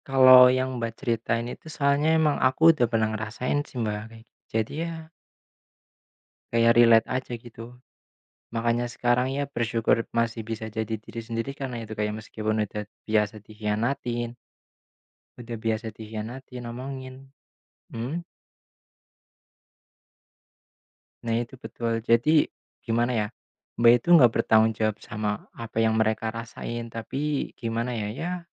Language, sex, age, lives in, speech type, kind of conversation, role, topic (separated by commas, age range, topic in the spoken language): Indonesian, male, 25-29, Indonesia, conversation, unstructured, none, Apa peran rasa syukur dalam hidupmu?